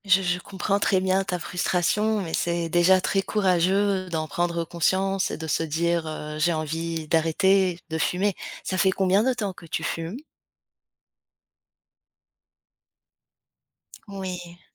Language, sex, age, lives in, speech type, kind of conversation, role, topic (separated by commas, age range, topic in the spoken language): French, female, 35-39, France, conversation, advice, advisor, Pourquoi est-ce que j’abandonne une nouvelle routine d’exercice au bout de quelques jours ?